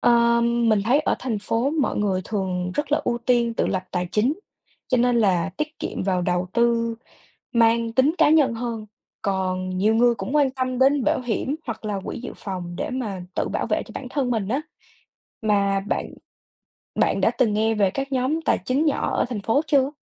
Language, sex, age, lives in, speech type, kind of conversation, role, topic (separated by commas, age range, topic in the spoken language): Vietnamese, female, 20-24, United States, read, expert-assistant, expert, Bạn nghĩ vì sao những thói quen tài chính cá nhân lại khác biệt giữa vùng thành phố và nông thôn ở Việt Nam?